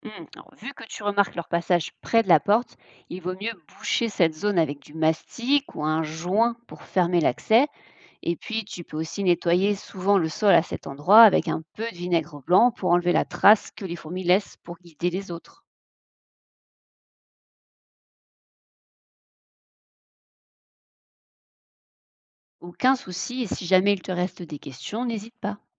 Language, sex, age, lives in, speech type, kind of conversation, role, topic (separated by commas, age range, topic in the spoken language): French, female, 30-34, France, read, expert-assistant, expert, Comment les fourmis trouvent-elles de la nourriture ?